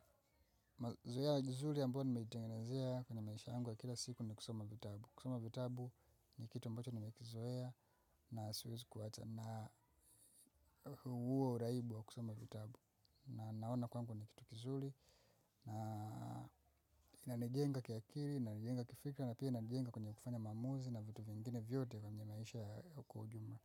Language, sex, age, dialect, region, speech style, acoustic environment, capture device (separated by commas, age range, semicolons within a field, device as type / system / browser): Swahili, female, 25-34, Tanzania - Dar es Salaam, Tanzania, happy; focused; relaxed; excited, people talking, mobile / macOS / Safari